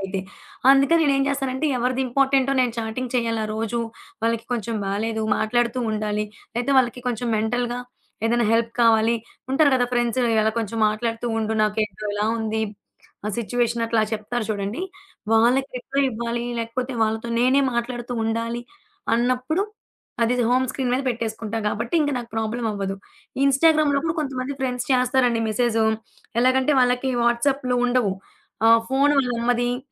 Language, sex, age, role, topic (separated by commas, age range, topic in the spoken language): Telugu, female, 20-24, guest, రిప్లై సమయాల గురించి మీ నియమాలు ఏమిటి?